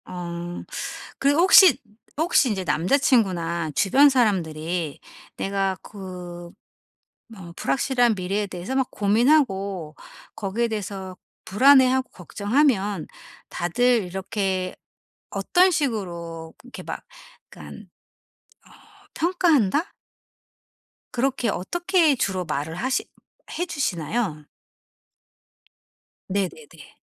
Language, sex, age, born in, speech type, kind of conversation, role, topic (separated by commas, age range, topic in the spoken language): Korean, female, 45-49, South Korea, conversation, advice, advisor, 불확실한 미래의 결과 앞에서 불안감을 어떻게 관리할 수 있을까요?